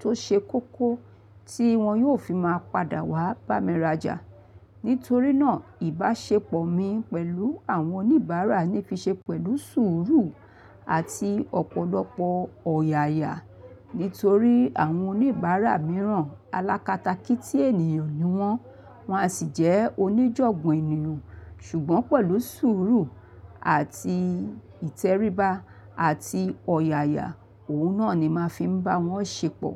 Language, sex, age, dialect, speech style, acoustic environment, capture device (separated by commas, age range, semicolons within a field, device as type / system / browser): Yoruba, female, 45-54, Nigeria - Lagos Yoruba, happy, people talking; traffic; appliances, mobile / Linux / Chrome